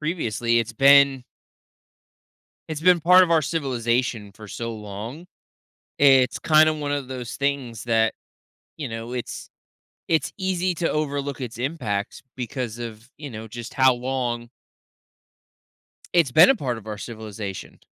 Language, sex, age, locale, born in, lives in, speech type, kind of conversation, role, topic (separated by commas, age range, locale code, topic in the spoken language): English, male, 30-34, en-US, United States, United States, conversation, podcast, host, How have major historical events shaped the world we live in today?